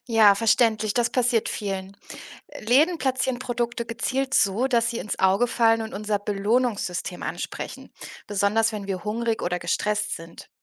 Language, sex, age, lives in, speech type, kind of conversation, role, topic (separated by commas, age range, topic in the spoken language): German, female, 30-34, Germany, read, expert-assistant, expert, Wie kann ich mir selbst helfen, beim Einkaufen weniger Impulskäufe zu machen?